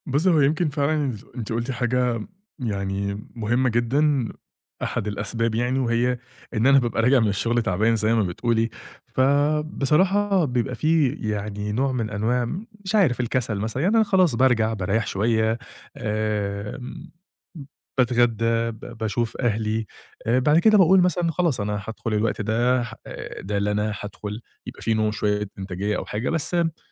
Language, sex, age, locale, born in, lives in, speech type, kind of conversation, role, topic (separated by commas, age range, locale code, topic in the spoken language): Arabic, male, 30-34, ar-EG, Egypt, Egypt, conversation, advice, user, ليه بفضل أأجل إني أبدأ عادة جديدة؟